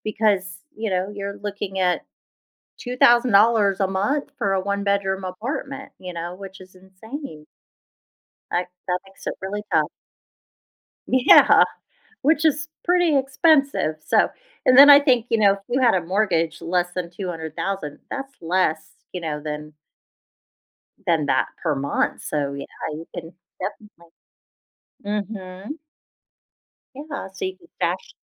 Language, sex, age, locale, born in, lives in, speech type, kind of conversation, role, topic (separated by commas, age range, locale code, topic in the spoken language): English, female, 45-49, en-US, United States, United States, conversation, advice, advisor, How do I buy my first home?